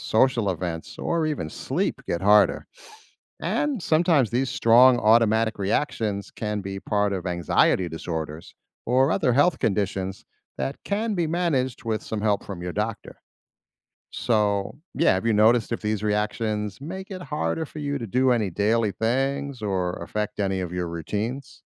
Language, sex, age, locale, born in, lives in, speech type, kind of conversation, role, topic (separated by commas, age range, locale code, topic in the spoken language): English, male, 50-54, en-US, United States, United States, read, expert-assistant, expert, Why do we get goosebumps when we are cold or scared?